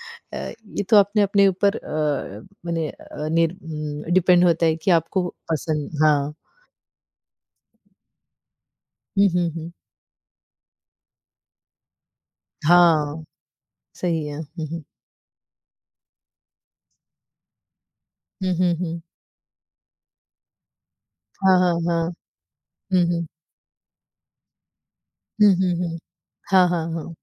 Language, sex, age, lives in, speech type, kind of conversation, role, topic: Hindi, female, 40-44, United States, conversation, unstructured, none, आपने पहली बार खाना बनाना कब और कैसे सीखा?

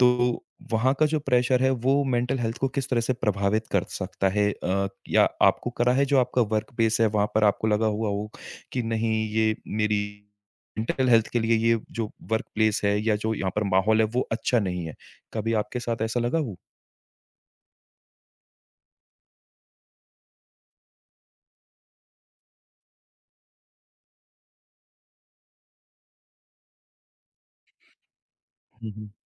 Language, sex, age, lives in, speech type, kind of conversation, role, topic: Hindi, male, 30-34, India, conversation, podcast, host, Daily routine mein aap apni mansik sehat ka khayal kaise rakhte ho?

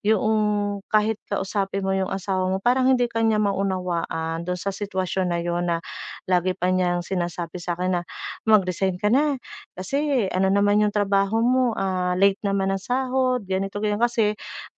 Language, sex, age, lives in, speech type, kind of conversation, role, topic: Filipino, female, 40-44, Philippines, conversation, advice, user, Paano ko mas epektibong mahahati ang oras ko para sa pag-aaral, mga gawain sa bahay, at sa sarili ko?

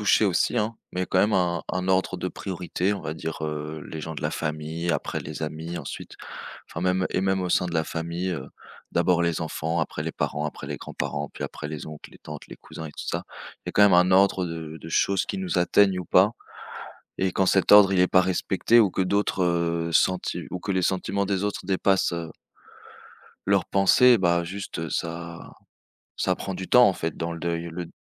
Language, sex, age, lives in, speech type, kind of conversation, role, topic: French, male, 25-29, France, conversation, unstructured, none, Que fais-tu quand quelqu’un minimise ta douleur après une perte ?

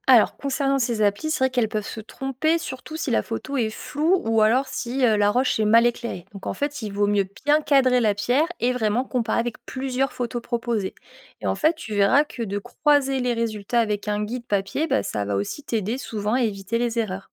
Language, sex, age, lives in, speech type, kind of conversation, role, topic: French, female, 25-29, France, read, expert-assistant, expert, Quelles astuces utilisent les passionnés de géologie pour lire les histoires cachées dans les roches ?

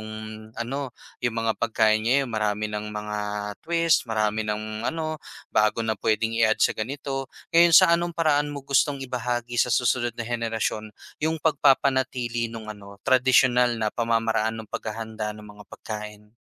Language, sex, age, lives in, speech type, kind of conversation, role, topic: Filipino, male, 25-29, Philippines, conversation, podcast, host, Anong pagkain ang laging hindi nawawala sa bawat pagtitipon ng pamilya ninyo?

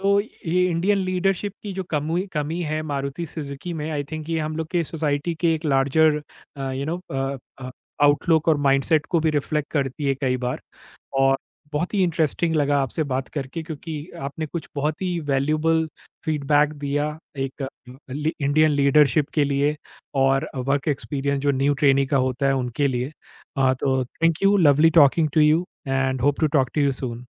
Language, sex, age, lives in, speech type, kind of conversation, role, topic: Hindi, male, 40-44, India, conversation, podcast, host, Kaise pata chalega ki kisi company ka culture sach mein achha hai?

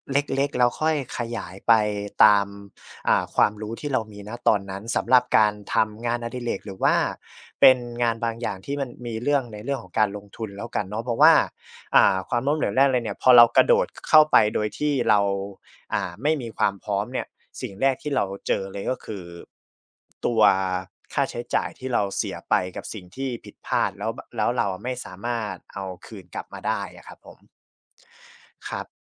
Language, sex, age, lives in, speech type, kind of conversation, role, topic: Thai, male, 25-29, Thailand, conversation, podcast, guest, ความล้มเหลวสอนอะไรคุณเกี่ยวกับความสำเร็จ?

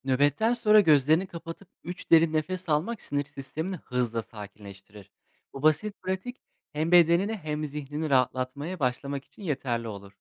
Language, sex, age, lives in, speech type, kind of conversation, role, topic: Turkish, male, 25-29, Poland, read, expert-assistant, expert, Yoğun tempoda çalışan bir doktor olarak hem işte hem de evde verimli kalmak için hangi günlük alışkanlıklar önerilir?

